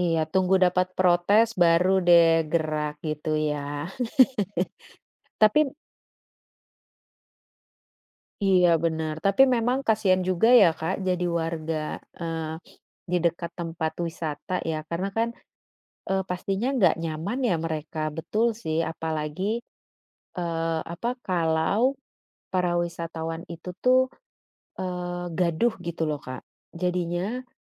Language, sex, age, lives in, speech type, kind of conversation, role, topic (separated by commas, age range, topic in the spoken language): Indonesian, female, 35-39, Netherlands, conversation, unstructured, none, Apakah kamu setuju bahwa banyak tempat wisata menjadi terlalu komersial dan kehilangan keasliannya?